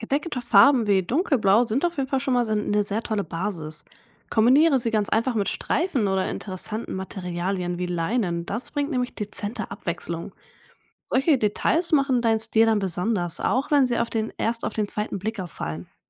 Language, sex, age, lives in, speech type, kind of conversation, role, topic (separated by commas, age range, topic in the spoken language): German, female, 20-24, Germany, read, expert-assistant, expert, Wie finde ich meinen eigenen Kleidungsstil, ohne ständig neuen Trends zu folgen?